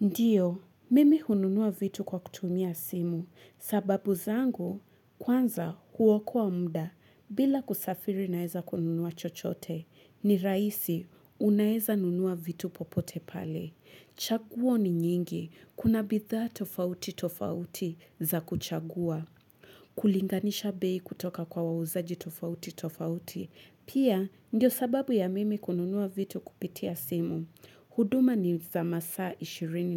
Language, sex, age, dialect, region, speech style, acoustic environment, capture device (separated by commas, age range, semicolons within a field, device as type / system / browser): Swahili, female, 25-34, Kenya - Mombasa, Kenya, happy, nature, mobile / Linux / Chrome